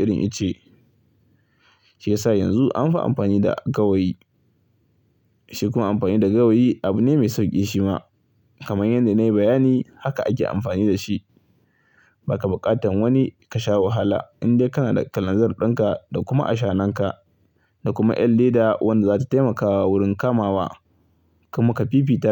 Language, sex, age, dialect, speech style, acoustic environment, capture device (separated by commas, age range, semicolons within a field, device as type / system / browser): Hausa, male, 25-34, Nigeria - Kano, happy, silence; people talking, mobile / Linux / Chrome